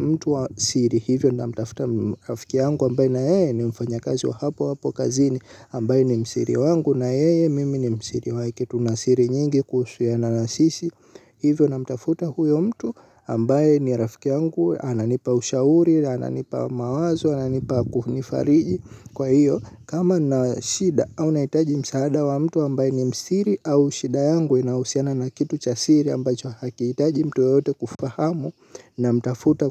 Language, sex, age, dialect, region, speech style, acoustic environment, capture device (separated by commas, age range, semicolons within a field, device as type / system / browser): Swahili, male, 18-24, Tanzania - Dar es Salaam, Tanzania, happy; excited; focused; relaxed, silence, mobile / Linux / Chrome